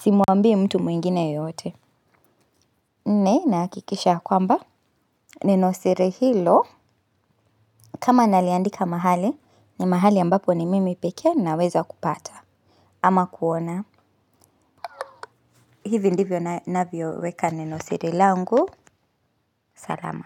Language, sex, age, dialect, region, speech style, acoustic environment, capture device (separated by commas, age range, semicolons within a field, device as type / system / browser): Swahili, female, 25-34, Kenya - Nairobi Sheng-influenced, Kenya, relaxed; focused, nature; silence, mobile / Linux / Chrome